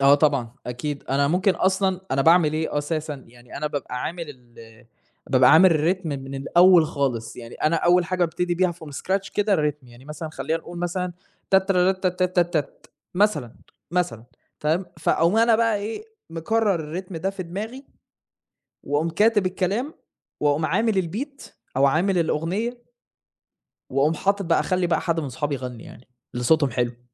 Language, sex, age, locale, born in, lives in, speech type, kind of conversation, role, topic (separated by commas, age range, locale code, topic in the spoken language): Arabic, male, 20-24, ar-EG, Saudi Arabia, Germany, conversation, unstructured, none, إيه نوع الموسيقى اللي بتسمعها عادة؟